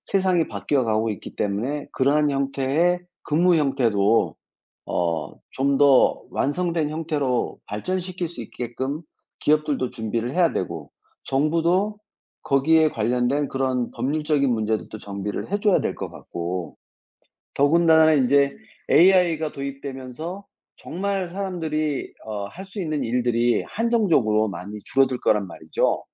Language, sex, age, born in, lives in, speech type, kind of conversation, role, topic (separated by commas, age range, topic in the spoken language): Korean, male, 55-59, South Korea, France, conversation, unstructured, none, 노동자 권리가 제대로 보호받지 못하는 이유는 무엇일까요?